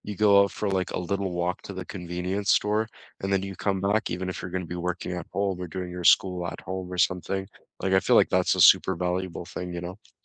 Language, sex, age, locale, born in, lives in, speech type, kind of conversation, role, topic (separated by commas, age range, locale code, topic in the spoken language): English, male, 20-24, en-CA, Canada, Canada, conversation, unstructured, none, How do you balance your budget, time, and fun when planning a trip?